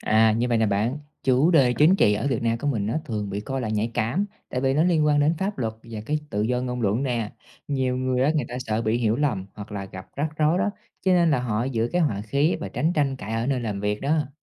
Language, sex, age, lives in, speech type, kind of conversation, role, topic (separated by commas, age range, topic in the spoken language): Vietnamese, male, 30-34, Vietnam, read, expert-assistant, expert, Vì sao ở Việt Nam, nhiều người ngại chia sẻ quan điểm chính trị với bạn bè?